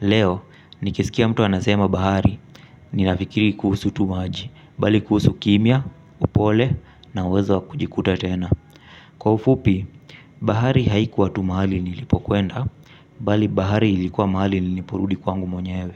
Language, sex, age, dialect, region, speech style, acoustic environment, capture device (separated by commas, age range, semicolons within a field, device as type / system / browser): Swahili, male, 25-34, Kenya - Mombasa, Kenya, relaxed, appliances, mobile / Linux / Chrome